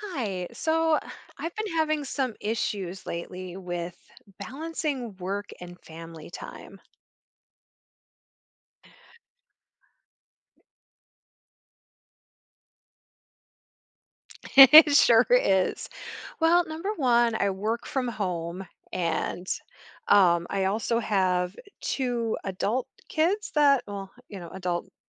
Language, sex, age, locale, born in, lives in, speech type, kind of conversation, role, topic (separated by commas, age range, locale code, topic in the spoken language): English, female, 45-49, en-US, United States, United States, conversation, advice, user, How can i balance my work responsibilities while making time for my family?